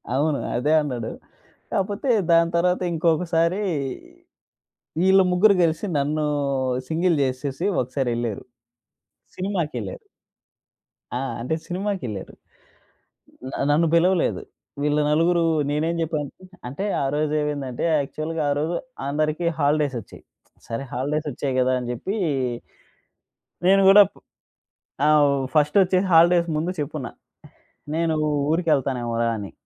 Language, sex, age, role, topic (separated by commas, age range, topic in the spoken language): Telugu, male, 25-29, guest, వివాదం తర్వాత సంబంధాన్ని మళ్లీ బాగుచేయడానికి మీరు మొదటగా ఏం చేస్తారు?